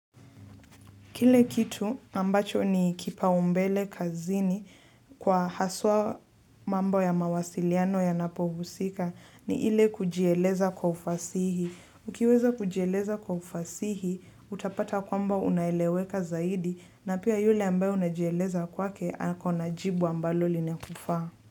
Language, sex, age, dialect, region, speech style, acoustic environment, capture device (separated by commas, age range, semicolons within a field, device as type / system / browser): Swahili, female, 18-24, Kenya - Nairobi Sheng-influenced, Kenya, relaxed, silence, mobile / Linux / Chrome